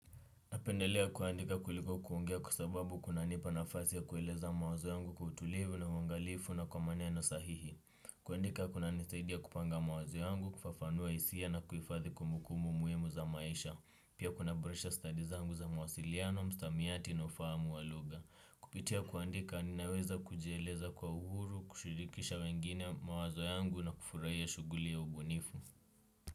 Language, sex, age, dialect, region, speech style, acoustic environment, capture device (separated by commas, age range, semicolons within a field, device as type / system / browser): Swahili, male, 18-24, Kenya - Nairobi Sheng-influenced, Kenya, relaxed, silence, desktop / Windows / Chrome